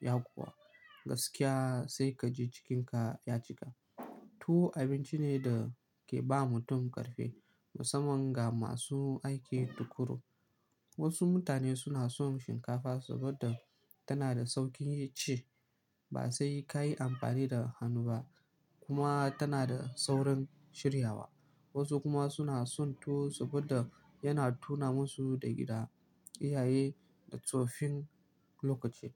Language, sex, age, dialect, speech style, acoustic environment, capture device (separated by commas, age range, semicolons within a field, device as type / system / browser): Hausa, male, 18-24, Nigeria - Kano, relaxed, people talking, mobile / Linux / Chrome